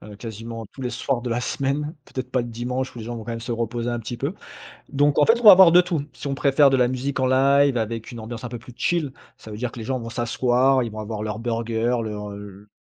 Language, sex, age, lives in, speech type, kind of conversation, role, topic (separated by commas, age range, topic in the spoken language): French, male, 35-39, France, conversation, podcast, guest, Parle d’une plage ou d’une rivière que tu aimes : pourquoi cet endroit compte-t-il pour toi ?